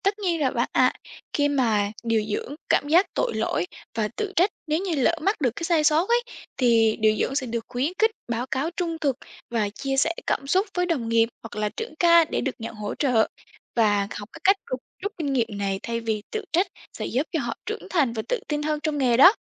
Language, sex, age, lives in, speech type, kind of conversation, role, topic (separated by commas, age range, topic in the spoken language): Vietnamese, female, 18-19, Vietnam, read, expert-assistant, expert, Khi chăm sóc nhiều bệnh nhân cùng lúc, điều dưỡng có mẹo nào để không bỏ sót nhiệm vụ quan trọng không?